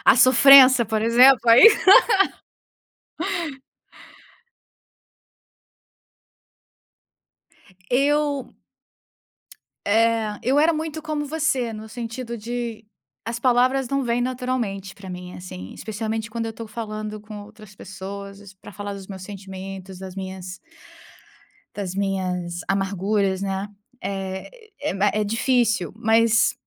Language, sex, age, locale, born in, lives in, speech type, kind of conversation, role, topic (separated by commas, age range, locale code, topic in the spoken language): Portuguese, female, 30-34, pt-BR, Brazil, United States, conversation, unstructured, none, Como você lida com a perda de alguém próximo?